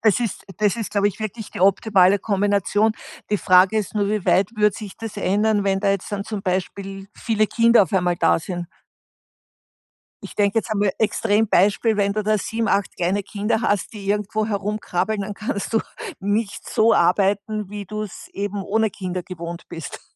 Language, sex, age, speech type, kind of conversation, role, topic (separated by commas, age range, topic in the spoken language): German, female, 60-64, conversation, podcast, host, Wie entscheidest du, ob Karriere oder Familie Vorrang haben soll?